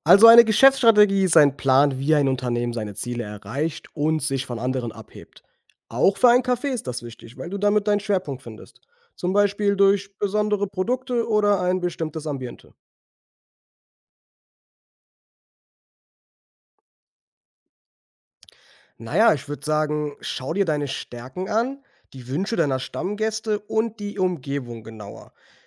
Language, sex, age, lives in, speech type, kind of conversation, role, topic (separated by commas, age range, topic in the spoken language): German, male, 25-29, Germany, read, expert-assistant, expert, Wie entwickle ich eine erfolgreiche Geschäftsstrategie für mein Café?